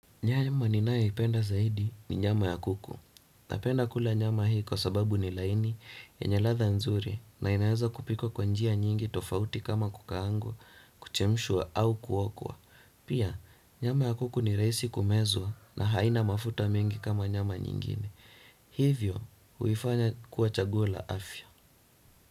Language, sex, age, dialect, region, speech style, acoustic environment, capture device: Swahili, male, 18-24, Kenya - Nairobi Sheng-influenced, Kenya, relaxed, silence, desktop / Windows / Chrome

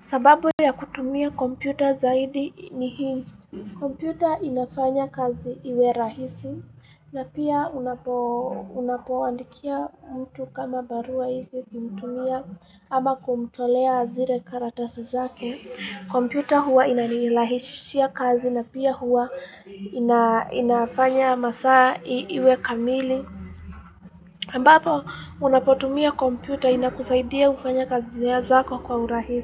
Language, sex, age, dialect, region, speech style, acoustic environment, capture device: Swahili, female, 18-24, Kenya - Nairobi Sheng-influenced, Kenya, happy, people talking, mobile / Linux / Chrome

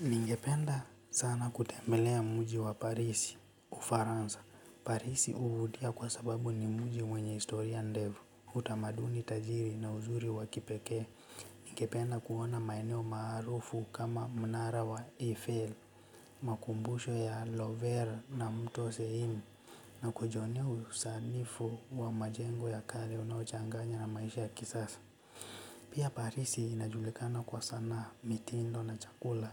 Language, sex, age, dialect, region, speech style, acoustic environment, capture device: Swahili, male, 18-24, Kenya - Nairobi Sheng-influenced, Kenya, relaxed, nature; silence; appliances, mobile / Linux / Chrome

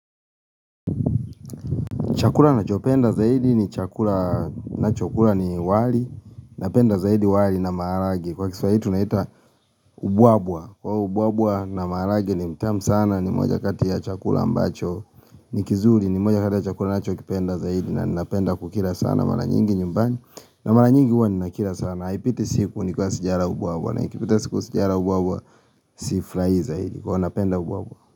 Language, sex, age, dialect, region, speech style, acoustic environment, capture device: Swahili, male, 25-34, Kenya - Nairobi Sheng-influenced, Kenya, happy, nature, mobile / Linux / Chrome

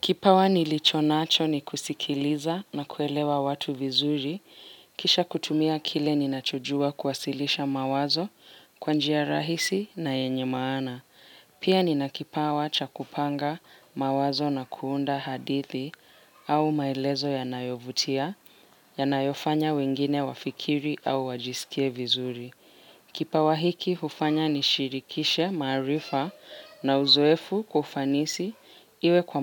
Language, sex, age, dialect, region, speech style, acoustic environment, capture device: Swahili, female, 18-24, Kenya - Mombasa, Kenya, focused, silence, mobile / Linux / Chrome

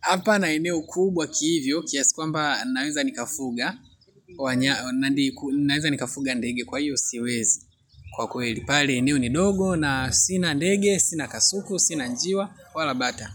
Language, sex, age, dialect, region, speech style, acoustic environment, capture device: Swahili, male, 25-34, Tanzania - Dar es Salaam, Tanzania, happy, nature; traffic, mobile / Linux / Chrome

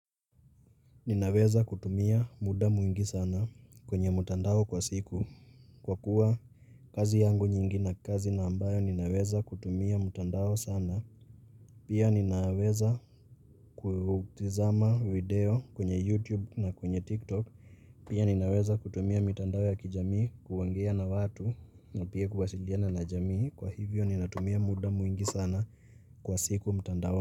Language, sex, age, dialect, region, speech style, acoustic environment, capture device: Swahili, male, 18-24, Kenya - Nairobi Sheng-influenced, Kenya, focused; relaxed, people talking; nature, mobile / Linux / Chrome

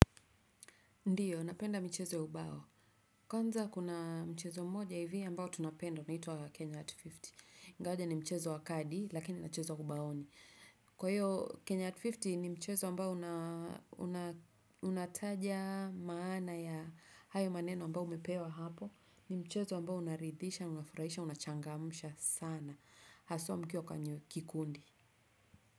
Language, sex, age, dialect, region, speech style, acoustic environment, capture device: Swahili, female, 35-44, Kenya - Nairobi Sheng-influenced, Kenya, focused, nature; silence; appliances, desktop / Windows / Chrome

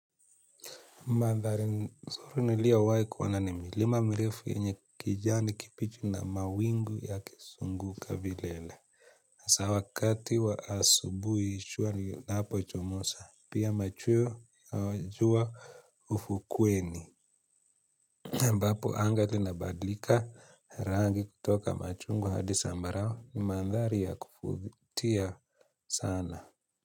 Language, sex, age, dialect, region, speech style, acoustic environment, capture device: Swahili, male, 25-34, Kenya - Nairobi Sheng-influenced, Kenya, happy; focused; relaxed, people talking; appliances; nature, mobile / Linux / Chrome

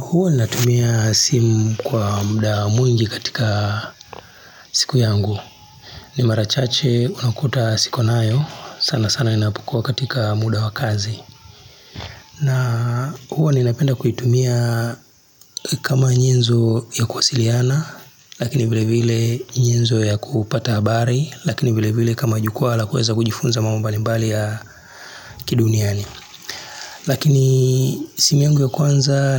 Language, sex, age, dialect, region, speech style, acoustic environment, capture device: Swahili, male, 35-44, Tanzania - Dar es Salaam, Tanzania, relaxed, nature, mobile / Linux / Chrome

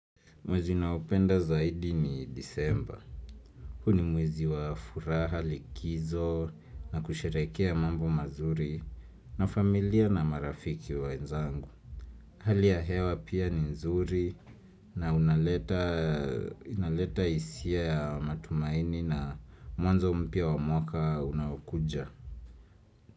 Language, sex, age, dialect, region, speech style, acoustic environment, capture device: Swahili, male, 25-34, Kenya - Nairobi Sheng-influenced, Kenya, relaxed, music, desktop / Windows / Chrome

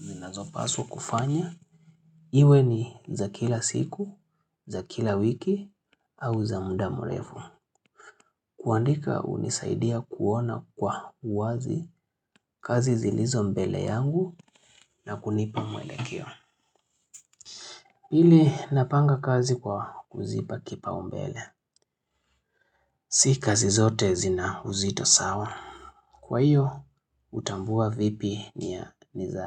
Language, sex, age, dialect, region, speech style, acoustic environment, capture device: Swahili, male, 25-34, Kenya - Nairobi Sheng-influenced, Kenya, happy; relaxed; focused, silence, mobile / Linux / Chrome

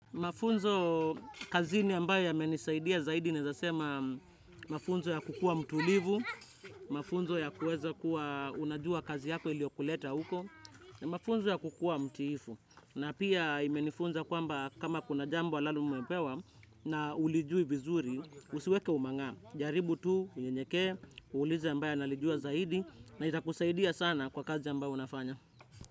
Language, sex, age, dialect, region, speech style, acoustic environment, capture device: Swahili, male, 25-34, Kenya - Nairobi Sheng-influenced, Kenya, relaxed, nature, desktop / Windows / Chrome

